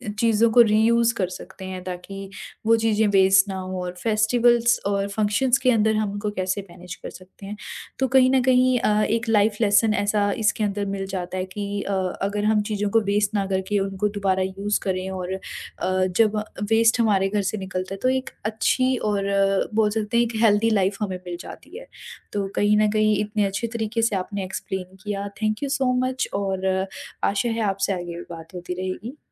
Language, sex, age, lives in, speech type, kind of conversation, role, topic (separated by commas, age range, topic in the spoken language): Hindi, female, 20-24, India, conversation, podcast, host, Zero-waste life start karne ke simple tareeke kya hain?